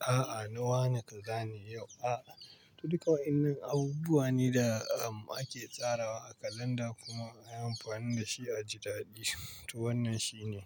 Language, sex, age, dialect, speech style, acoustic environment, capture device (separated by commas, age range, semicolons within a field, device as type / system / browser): Hausa, male, 25-34, Nigeria - Pidgin-influenced, stressed, nature, mobile / Linux / Chrome